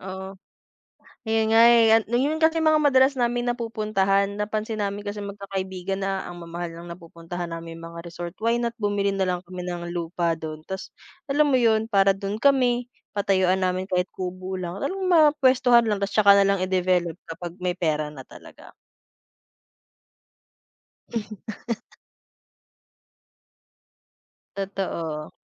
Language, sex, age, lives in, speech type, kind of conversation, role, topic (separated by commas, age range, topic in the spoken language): Filipino, female, 25-29, Philippines, conversation, unstructured, none, Ano ang gusto mong makamit sa susunod na limang taon?